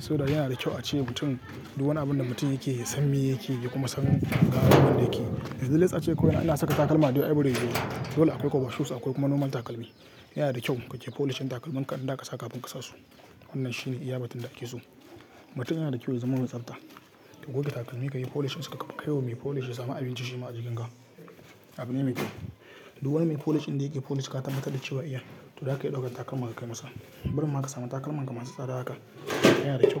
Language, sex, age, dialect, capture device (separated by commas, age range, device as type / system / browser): Hausa, male, 18-24, Nigeria - Katsina, mobile / Linux / Chrome